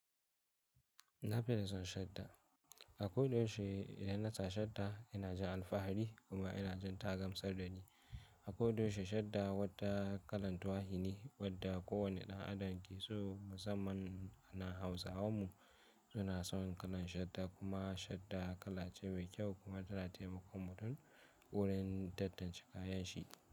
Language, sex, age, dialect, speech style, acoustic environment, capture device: Hausa, male, 18-24, Nigeria - Kano, excited; focused; happy, silence, mobile / Linux / Chrome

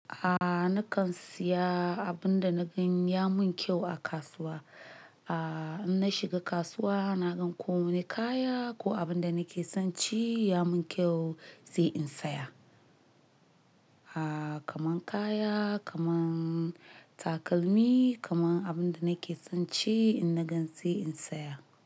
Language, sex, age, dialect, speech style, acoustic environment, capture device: Hausa, male, 25-34, Nigeria - Nigerian Standard, nervous, appliances, mobile / Linux / Chrome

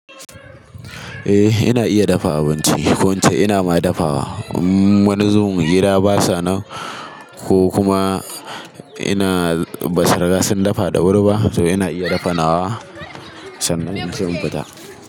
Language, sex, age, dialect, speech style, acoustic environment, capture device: Hausa, male, 18-24, Nigeria - Kano, relaxed, people talking, mobile / Linux / Chrome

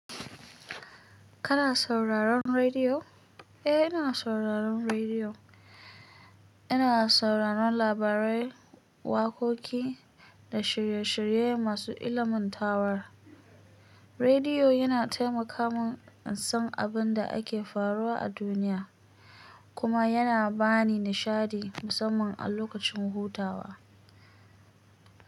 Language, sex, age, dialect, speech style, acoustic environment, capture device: Hausa, female, 25-34, Nigeria - Kano, relaxed, silence; nature, mobile / Linux / Chrome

